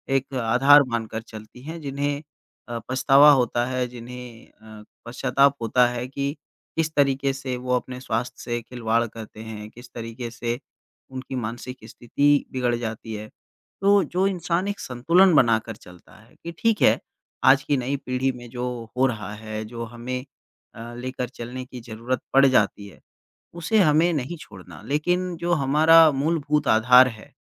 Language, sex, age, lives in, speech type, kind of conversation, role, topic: Hindi, male, 25-29, India, conversation, podcast, guest, छोटे-छोटे बदलावों से पर्यावरण की मदद कैसे होती है?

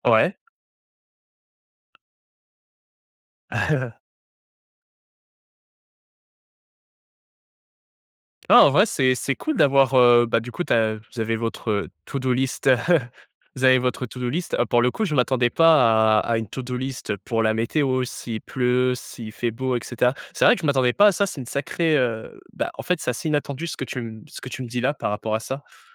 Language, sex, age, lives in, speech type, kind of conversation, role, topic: French, male, 20-24, France, conversation, podcast, host, Comment gères-tu l’imprévu quand tout part en vrille ?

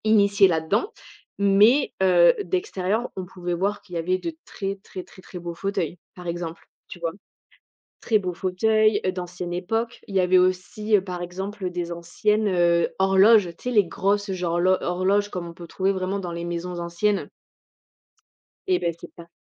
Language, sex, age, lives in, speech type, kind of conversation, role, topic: French, female, 25-29, France, conversation, podcast, guest, Peux-tu raconter une découverte inattendue faite en explorant un quartier ?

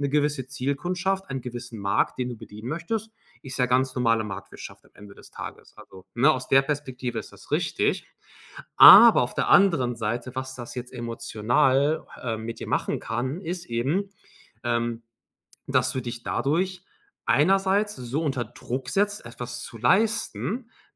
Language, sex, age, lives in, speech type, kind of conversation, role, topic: German, male, 30-34, Germany, conversation, advice, advisor, Wie würdest du deinen Burnout nach zu viel kreativer Arbeit ohne Pausen beschreiben?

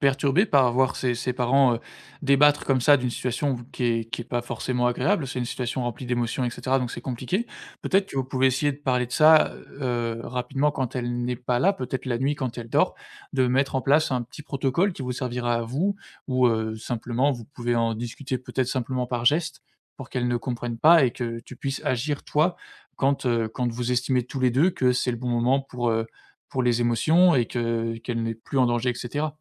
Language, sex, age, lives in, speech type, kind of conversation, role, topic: French, male, 25-29, France, conversation, advice, advisor, Comment commencer à présenter un front uni devant vos enfants ?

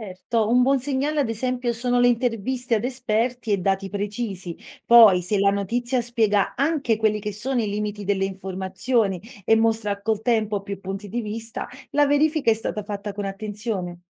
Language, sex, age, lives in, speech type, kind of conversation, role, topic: Italian, female, 30-34, Italy, read, expert-assistant, expert, Quando sento parlare di animali a rischio e habitat che spariscono, come posso distinguere tra notizie esagerate e informazioni serie?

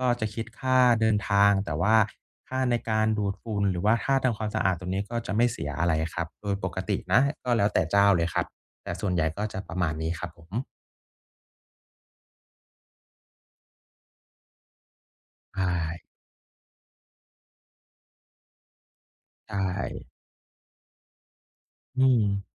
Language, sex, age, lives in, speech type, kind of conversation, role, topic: Thai, male, 30-34, Thailand, conversation, advice, advisor, ฉันจะเลือกสินค้าที่มีคุณภาพดีให้เหมาะกับงบประมาณที่มีได้อย่างไร?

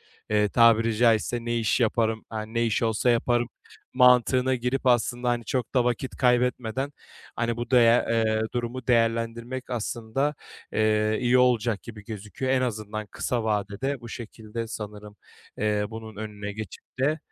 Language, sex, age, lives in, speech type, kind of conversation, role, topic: Turkish, male, 25-29, Bulgaria, conversation, advice, user, Acil durum fonun olmadığı için beklenmedik faturalarla karşılaşınca nasıl panik yaşıyorsun?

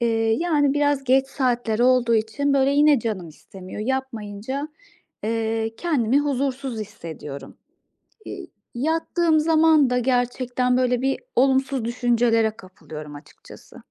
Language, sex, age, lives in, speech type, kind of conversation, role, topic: Turkish, female, 35-39, Austria, conversation, advice, user, Günlük hayatımda olumsuz düşünceleri nasıl daha kolay serbest bırakabilirim?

